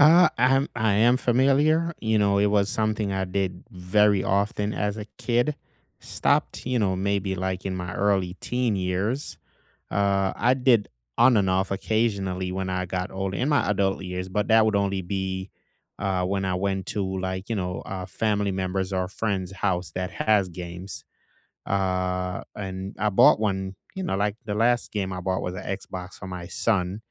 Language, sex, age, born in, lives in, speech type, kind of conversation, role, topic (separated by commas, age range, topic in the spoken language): English, male, 35-39, Jamaica, United States, conversation, unstructured, none, What meaningful habits help you stay close to old friends over the years?